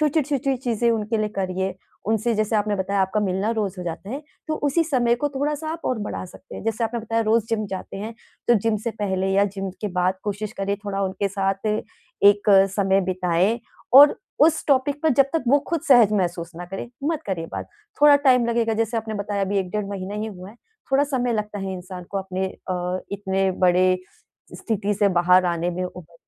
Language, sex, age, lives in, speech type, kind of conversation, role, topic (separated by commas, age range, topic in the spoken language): Hindi, female, 35-39, India, conversation, advice, advisor, मैं सहानुभूति दिखाते हुए अपने दोस्त की मदद कैसे करूँ?